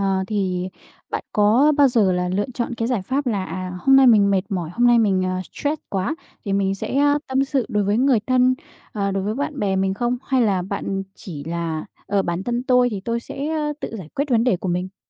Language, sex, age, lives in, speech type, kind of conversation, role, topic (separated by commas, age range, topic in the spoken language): Vietnamese, female, 20-24, Vietnam, conversation, podcast, host, Bạn làm gì để giảm căng thẳng mỗi ngày?